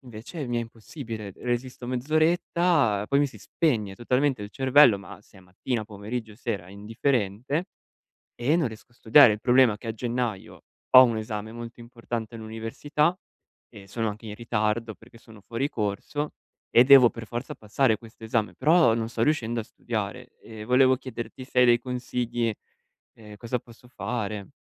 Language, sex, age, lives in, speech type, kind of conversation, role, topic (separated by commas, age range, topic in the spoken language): Italian, male, 25-29, Italy, conversation, advice, user, Come posso mantenere la concentrazione e l’energia costanti durante tutta la giornata?